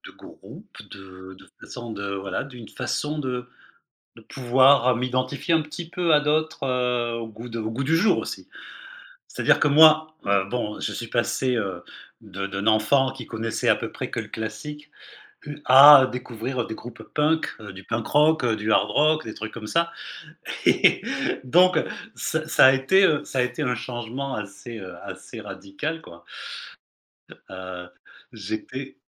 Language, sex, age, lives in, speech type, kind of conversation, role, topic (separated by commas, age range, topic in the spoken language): French, male, 55-59, Spain, conversation, podcast, guest, Comment ton entourage a-t-il fait évoluer tes goûts musicaux ?